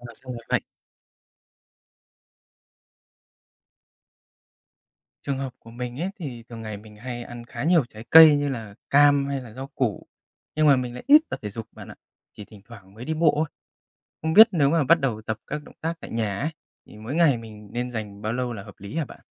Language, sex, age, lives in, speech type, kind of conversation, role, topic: Vietnamese, male, 30-34, Japan, read, expert-assistant, asker, Tại sao khi bị cảm lạnh, chúng ta thường hắt hơi?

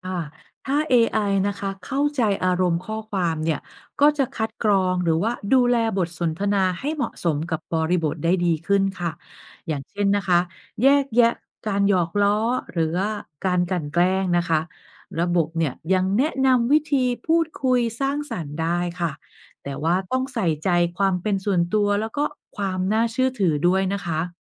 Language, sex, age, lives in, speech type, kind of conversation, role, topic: Thai, female, 45-49, Thailand, read, expert-assistant, expert, จะออกแบบประสบการณ์ใหม่ๆ บนสื่อดิจิทัลอย่างไร ให้คนรู้สึกใกล้ชิดกันมากขึ้นในสังคมออนไลน์?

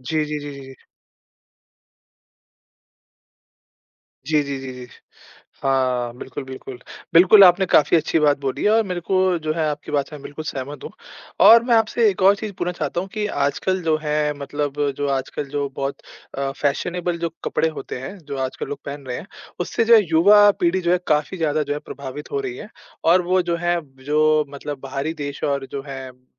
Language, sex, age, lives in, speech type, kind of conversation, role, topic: Hindi, male, 20-24, India, conversation, podcast, host, सोशल मीडिया का फैशन आपकी सांस्कृतिक पहचान को कैसे बदल रहा है?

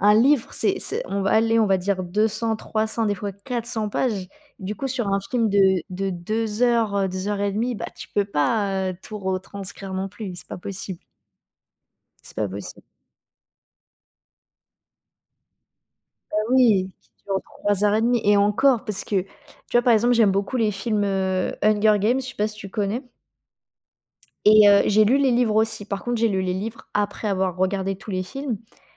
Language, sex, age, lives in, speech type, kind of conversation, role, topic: French, female, 20-24, France, conversation, podcast, guest, Quel film t’a le plus marqué récemment, et pourquoi ?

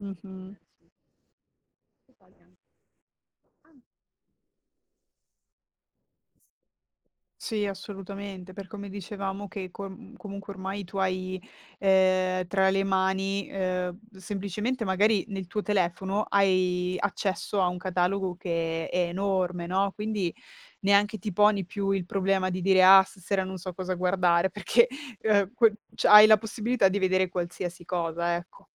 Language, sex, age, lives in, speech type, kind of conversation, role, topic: Italian, female, 25-29, Italy, conversation, podcast, guest, Cosa pensi del ruolo delle piattaforme di streaming nella cultura?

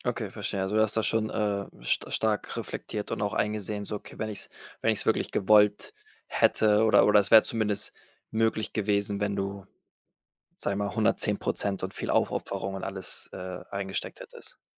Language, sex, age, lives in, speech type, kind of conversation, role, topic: German, male, 25-29, Spain, conversation, podcast, host, Was bedeutet Erfolg für dich persönlich?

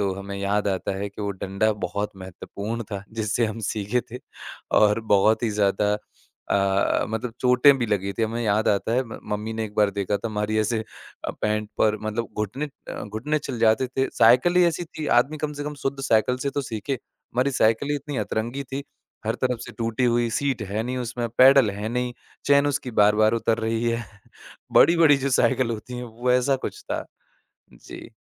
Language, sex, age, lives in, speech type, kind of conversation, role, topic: Hindi, male, 25-29, India, conversation, podcast, guest, आपको अपनी पहली साइकिल चलाने का अनुभव कैसा लगा?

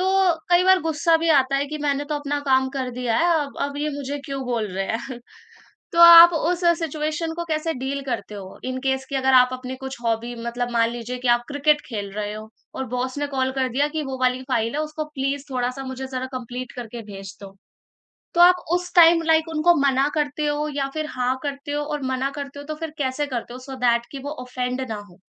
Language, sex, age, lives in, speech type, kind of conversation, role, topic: Hindi, female, 20-24, India, conversation, podcast, host, Kaise tum hamesha online rehne ke bawajood kaam aur personal zindagi ki seemaen bana kar rakhte ho?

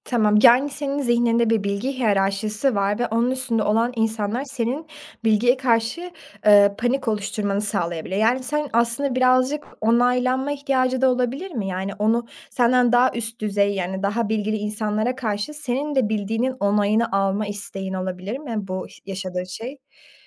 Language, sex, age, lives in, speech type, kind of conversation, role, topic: Turkish, female, 20-24, Germany, conversation, advice, advisor, Toplantı ya da sunum öncesinde neden panik hissediyorum?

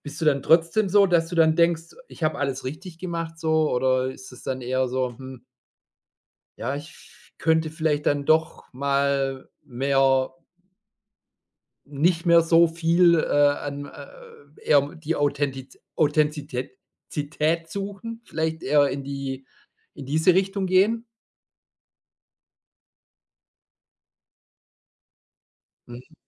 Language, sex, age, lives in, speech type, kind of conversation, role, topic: German, male, 45-49, Germany, conversation, advice, advisor, Wie äußern sich deine Hochstaplergefühle vor einer Bewerbung, einer Präsentation oder einer Beförderung?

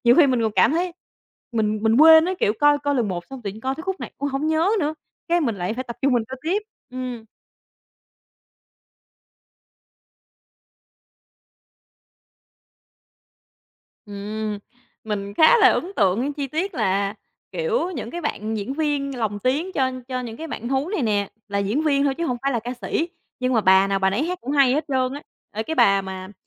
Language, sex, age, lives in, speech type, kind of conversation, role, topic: Vietnamese, female, 25-29, Vietnam, conversation, podcast, guest, Bạn có thể chia sẻ về một bộ phim mà mỗi khi xem, bạn thấy bớt lo lắng không?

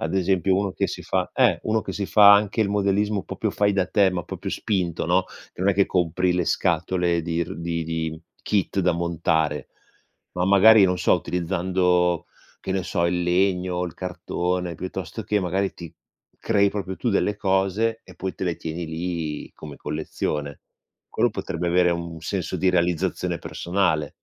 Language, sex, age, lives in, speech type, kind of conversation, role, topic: Italian, male, 45-49, Italy, conversation, unstructured, none, Cosa ti fa pensare che il collezionismo sia un passatempo inutile?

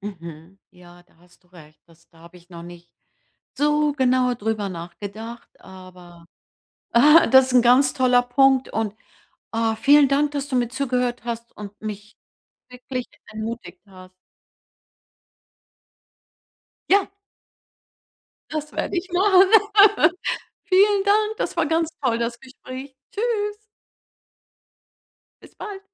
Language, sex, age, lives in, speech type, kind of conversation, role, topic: German, female, 65-69, United States, conversation, advice, user, Wie messe ich meinen Trainingsfortschritt nach einer verletzungsbedingten Pause?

